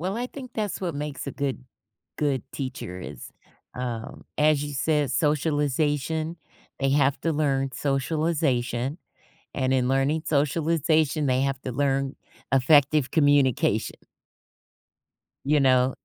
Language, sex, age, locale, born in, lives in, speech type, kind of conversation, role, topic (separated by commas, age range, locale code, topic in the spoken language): English, female, 55-59, en-US, United States, United States, conversation, podcast, guest, What qualities help teachers make a lasting impact on their students?